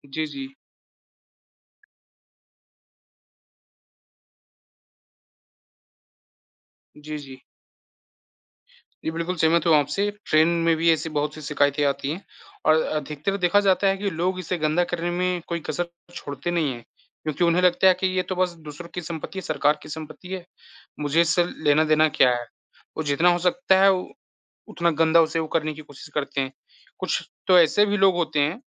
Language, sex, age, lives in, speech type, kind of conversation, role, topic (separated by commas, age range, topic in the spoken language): Hindi, male, 20-24, India, conversation, unstructured, none, क्या यात्रा के दौरान गंदगी देखकर कभी आपका मन खराब हुआ है?